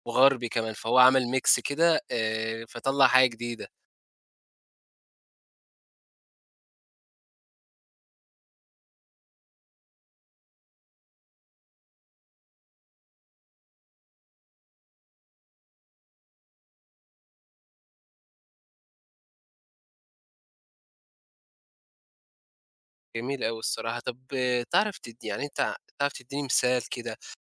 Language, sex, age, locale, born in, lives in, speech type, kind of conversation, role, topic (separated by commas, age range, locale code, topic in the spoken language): Arabic, male, 20-24, ar-EG, Egypt, Egypt, conversation, podcast, host, إزاي الفن والمزيكا بيساعدوك تعبّر عن هويتك؟